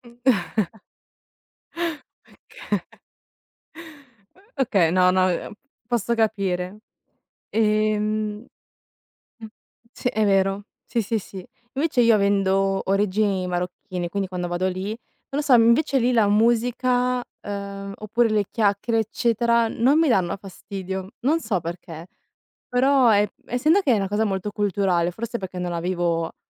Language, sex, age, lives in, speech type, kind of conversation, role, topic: Italian, female, 20-24, Italy, conversation, unstructured, none, Ti infastidisce quando le persone parlano ad alta voce al telefono in pubblico?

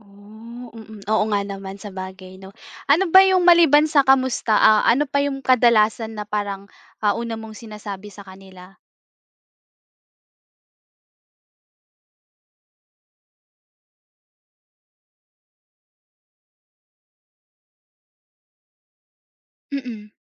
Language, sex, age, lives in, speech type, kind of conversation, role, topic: Filipino, female, 20-24, Philippines, conversation, podcast, host, Paano mo hinihikayat ang iba na magbahagi ng kanilang kuwento?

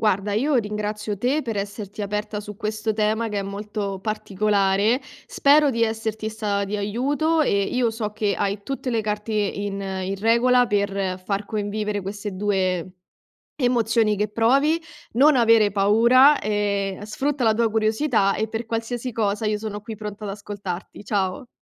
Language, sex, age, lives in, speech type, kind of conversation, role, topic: Italian, female, 25-29, Italy, conversation, advice, advisor, Come posso affrontare l'incertezza con curiosità invece che con paura mentre tutto cambia?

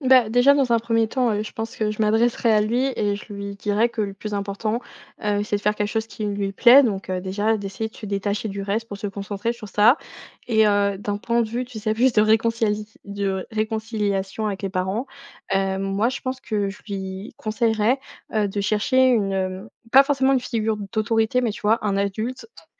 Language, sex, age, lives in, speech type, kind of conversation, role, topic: French, female, 20-24, France, conversation, podcast, guest, Comment gères-tu la culpabilité liée aux attentes de ta famille ?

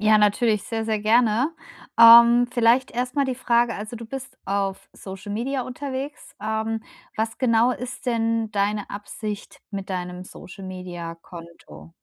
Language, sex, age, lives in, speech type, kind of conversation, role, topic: German, female, 35-39, Germany, conversation, advice, advisor, Wie kann ich online klare Grenzen setzen und trotzdem authentisch bleiben?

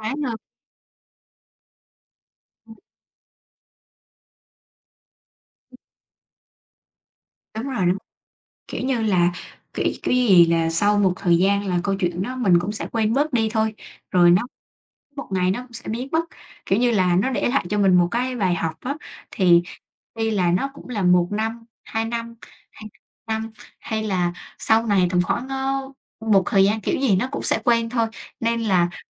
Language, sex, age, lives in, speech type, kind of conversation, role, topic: Vietnamese, female, 20-24, Vietnam, conversation, unstructured, none, Theo bạn, khi nào thì mình nên tha thứ cho người khác?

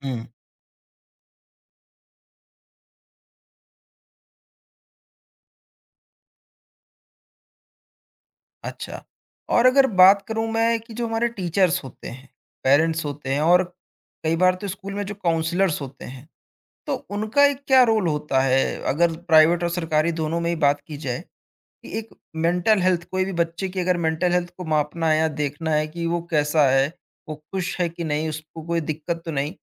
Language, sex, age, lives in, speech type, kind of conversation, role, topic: Hindi, male, 20-24, India, conversation, podcast, host, Student mental health ko school kitni priority deta hai?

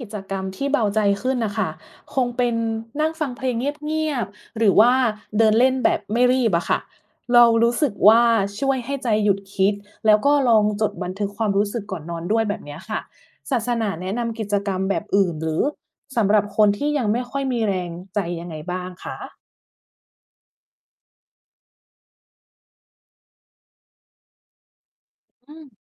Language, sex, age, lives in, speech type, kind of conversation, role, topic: Thai, female, 40-44, Thailand, read, expert-assistant, asker, ถ้ารู้สึกว่าการขอพรไม่ได้ผล เกิดจากอะไรได้บ้างในมุมมองศาสนา?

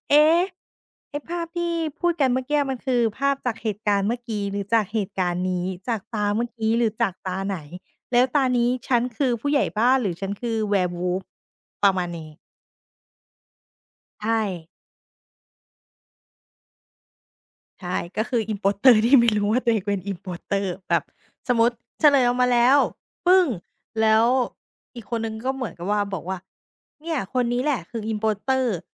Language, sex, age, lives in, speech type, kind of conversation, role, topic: Thai, female, 30-34, Thailand, conversation, podcast, guest, ชอบเล่นบอร์ดเกมหรือการ์ดเกมไหม และคุณชอบเกมอะไรที่สุด?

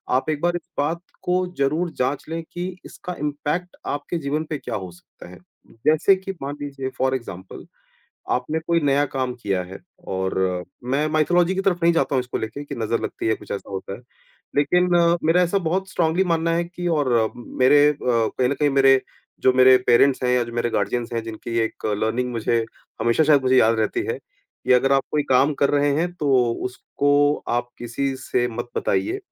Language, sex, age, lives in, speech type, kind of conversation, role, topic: Hindi, male, 40-44, India, conversation, podcast, guest, Share karne se pehle apni vulnerability ko kaise manage karte ho, yaar?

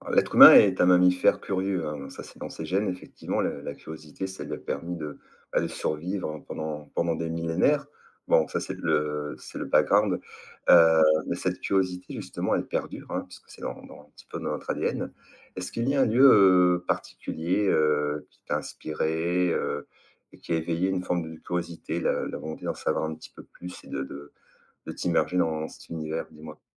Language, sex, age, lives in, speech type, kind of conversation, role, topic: French, male, 50-54, France, conversation, podcast, host, Quel lieu a éveillé ta curiosité, et pourquoi ?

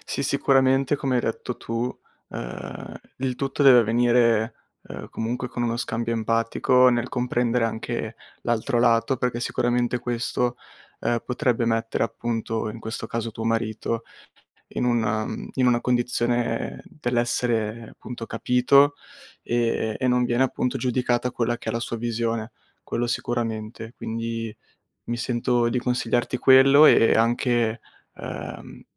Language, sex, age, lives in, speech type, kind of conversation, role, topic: Italian, male, 20-24, Italy, conversation, advice, advisor, Come posso gestire i litigi con il partner dovuti a differenze su spese e risparmio?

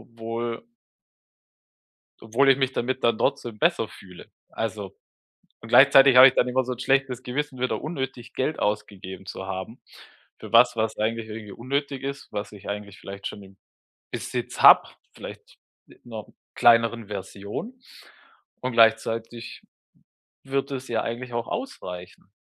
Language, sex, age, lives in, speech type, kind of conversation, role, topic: German, male, 35-39, Germany, conversation, advice, user, Wie kann ich lernen, mit dem zufrieden zu sein, was ich bereits besitze?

